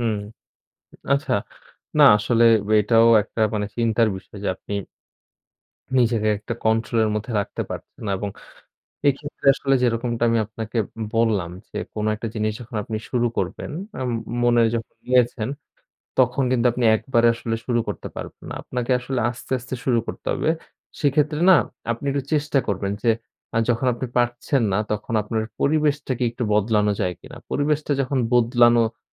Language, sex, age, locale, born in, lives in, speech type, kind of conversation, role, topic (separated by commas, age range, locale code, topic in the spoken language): Bengali, male, 20-24, bn-BD, Bangladesh, Bangladesh, conversation, advice, advisor, স্বাস্থ্যকর খাদ্যাভ্যাস বজায় রাখতে দৈনন্দিনভাবে আপনি কোন কোন চ্যালেঞ্জের মুখে পড়েন?